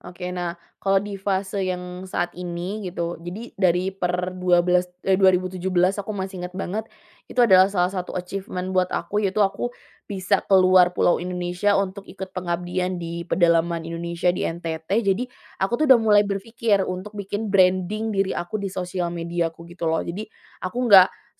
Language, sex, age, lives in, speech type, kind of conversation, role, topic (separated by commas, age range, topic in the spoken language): Indonesian, female, 25-29, Indonesia, conversation, podcast, guest, Apa pengaruh media sosial terhadap cara kamu menampilkan diri?